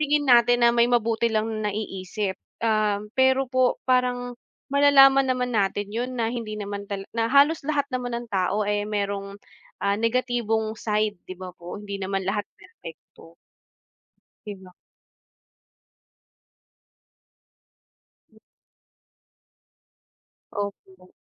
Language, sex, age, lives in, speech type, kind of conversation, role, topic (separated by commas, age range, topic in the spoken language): Filipino, female, 30-34, Philippines, conversation, unstructured, none, Mas gugustuhin mo bang makapagsalita ng lahat ng wika o makabasa ng isip?